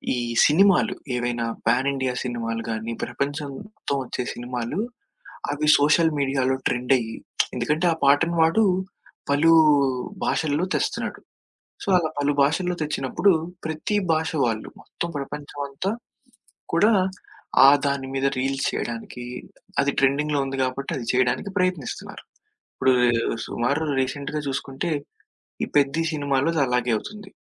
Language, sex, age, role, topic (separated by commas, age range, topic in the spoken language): Telugu, male, 20-24, guest, సామాజిక మాధ్యమాల్లో కనిపించే ట్రెండ్లు మీ నిర్ణయాలను ఎలా ప్రభావితం చేసి మార్చేస్తాయి?